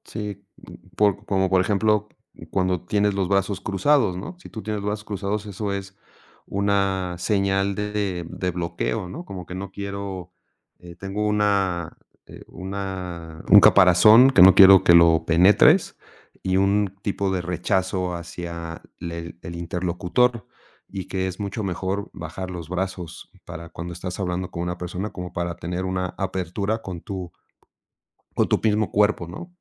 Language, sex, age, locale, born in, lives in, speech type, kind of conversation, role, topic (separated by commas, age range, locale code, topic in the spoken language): Spanish, male, 50-54, es-MX, Mexico, Mexico, conversation, podcast, host, ¿Cómo afecta el tono al comunicarnos?